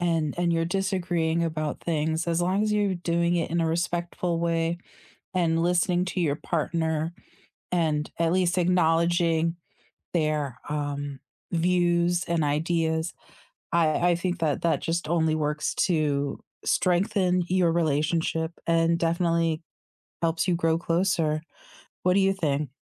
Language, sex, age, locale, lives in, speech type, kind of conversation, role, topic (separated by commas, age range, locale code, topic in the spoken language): English, female, 40-44, en-US, United States, conversation, unstructured, none, How can arguments actually help a couple grow closer?